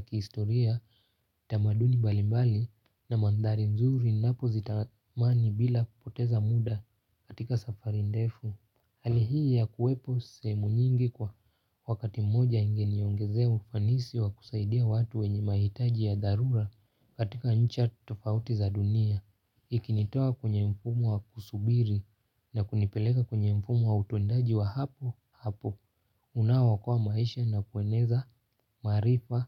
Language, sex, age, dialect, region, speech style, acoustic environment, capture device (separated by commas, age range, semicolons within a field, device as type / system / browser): Swahili, male, 18-24, Kenya - Nairobi Sheng-influenced, Kenya, happy; focused; relaxed, nature; silence, mobile / Linux / Chrome